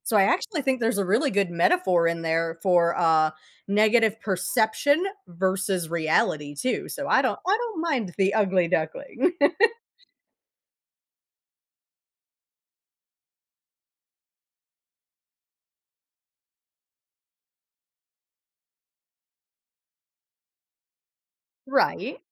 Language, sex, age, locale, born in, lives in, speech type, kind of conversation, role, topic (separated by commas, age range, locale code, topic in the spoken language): English, female, 35-39, en-US, United States, United States, conversation, podcast, host, How have your experiences shaped the wisdom you would share with others today?